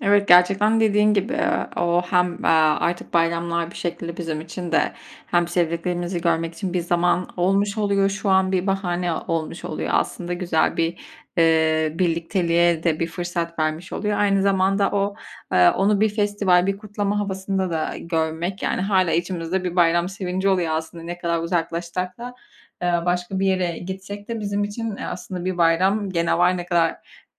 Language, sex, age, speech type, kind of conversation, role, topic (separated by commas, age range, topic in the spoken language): Turkish, female, 25-29, conversation, podcast, host, Bir bayram sofrası kimlik ve aidiyet duygusunu nasıl güçlendirir?